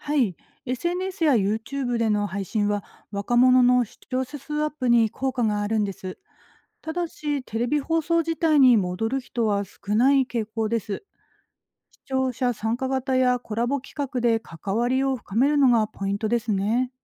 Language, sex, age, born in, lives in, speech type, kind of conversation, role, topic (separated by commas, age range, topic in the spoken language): Japanese, female, 40-44, Japan, Japan, read, expert-assistant, expert, なぜ近年、若者の間でテレビ放送離れが進んでいるのでしょうか。